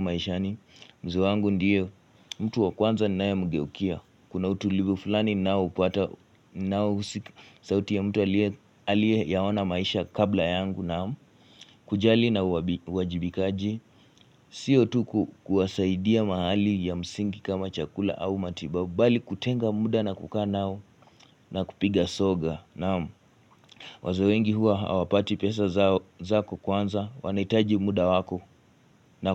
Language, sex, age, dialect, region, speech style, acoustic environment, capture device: Swahili, male, 18-24, Kenya - Nairobi Sheng-influenced, Kenya, relaxed; focused, silence, mobile / Linux / Chrome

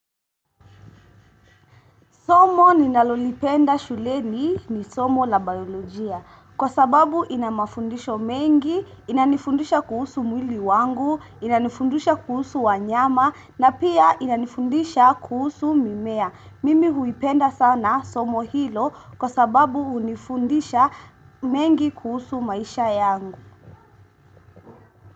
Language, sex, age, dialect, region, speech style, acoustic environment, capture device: Swahili, female, 18-24, Kenya - Mombasa, Kenya, relaxed, silence, desktop / Windows / Chrome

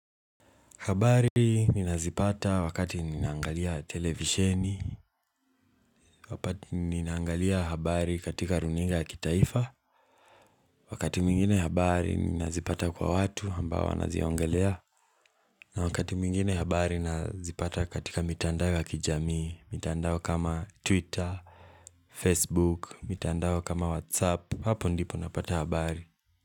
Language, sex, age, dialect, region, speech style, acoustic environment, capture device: Swahili, male, 18-24, Kenya - Mombasa, Kenya, relaxed, appliances, mobile / Linux / Chrome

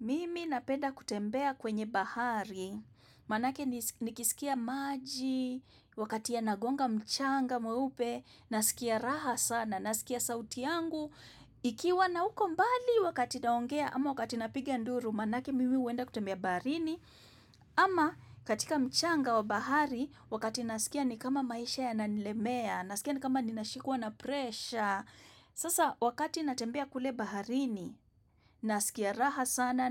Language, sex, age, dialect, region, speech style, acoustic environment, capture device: Swahili, female, 25-34, Kenya - Nairobi Sheng-influenced, Kenya, relaxed, silence, desktop / macOS / Chrome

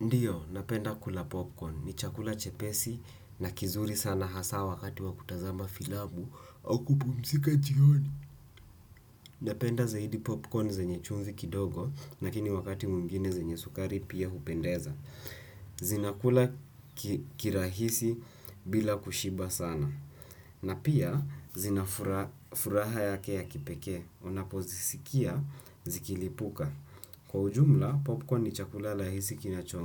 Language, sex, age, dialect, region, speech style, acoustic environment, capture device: Swahili, male, 18-24, Kenya - Nairobi Sheng-influenced, Kenya, anxious; tired, silence, desktop / Windows / Chrome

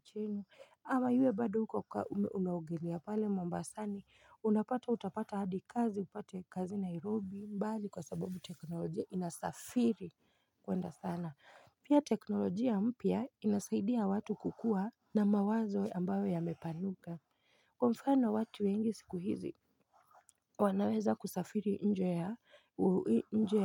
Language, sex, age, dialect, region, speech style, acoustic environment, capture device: Swahili, female, 25-34, Kenya - Nairobi Sheng-influenced, Kenya, focused, silence, mobile / Linux / Chrome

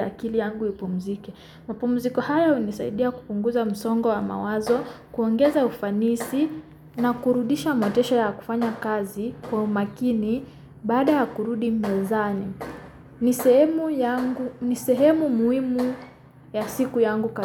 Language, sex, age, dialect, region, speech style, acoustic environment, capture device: Swahili, female, 25-34, Kenya - Nairobi Sheng-influenced, Kenya, relaxed, music, mobile / Linux / Chrome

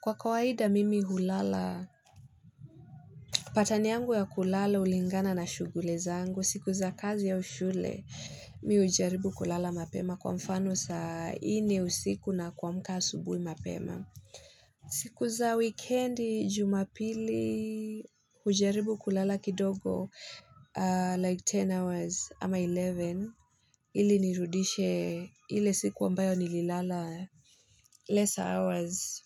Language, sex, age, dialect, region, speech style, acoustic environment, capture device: Swahili, female, 25-34, Kenya - Nairobi Sheng-influenced, Kenya, happy; excited; focused; nervous; anxious, people talking; nature; silence; music, mobile / Linux / Chrome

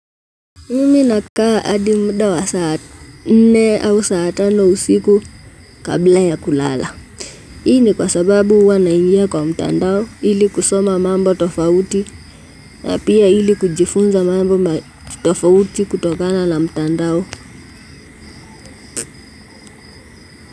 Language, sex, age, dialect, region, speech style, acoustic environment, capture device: Swahili, female, 18-24, Kenya - Nairobi Sheng-influenced, Kenya, relaxed, nature, mobile / Linux / Chrome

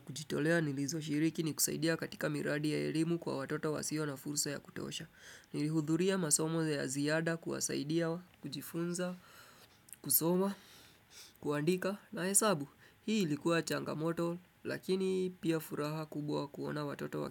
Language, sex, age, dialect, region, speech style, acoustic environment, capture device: Swahili, male, 25-34, Kenya - Nairobi Sheng-influenced, Kenya, focused, music; people talking; appliances; nature, desktop / Windows / Chrome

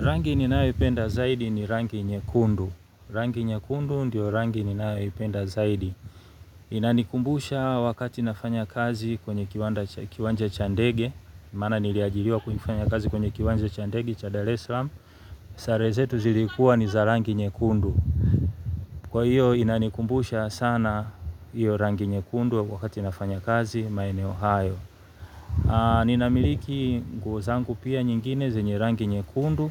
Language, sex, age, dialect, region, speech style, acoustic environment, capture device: Swahili, male, 35-44, Tanzania - Dar es Salaam, Tanzania, happy; relaxed; focused, nature, mobile / Linux / Chrome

